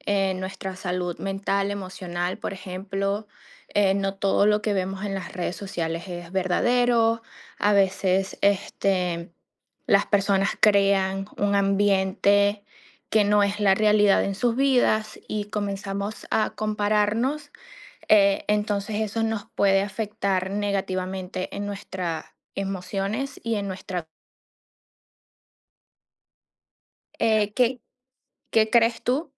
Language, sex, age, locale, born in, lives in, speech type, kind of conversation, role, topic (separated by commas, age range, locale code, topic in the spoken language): Spanish, female, 30-34, es-VE, Venezuela, United States, conversation, unstructured, none, ¿Deberíamos limitar el tiempo que pasamos en redes sociales?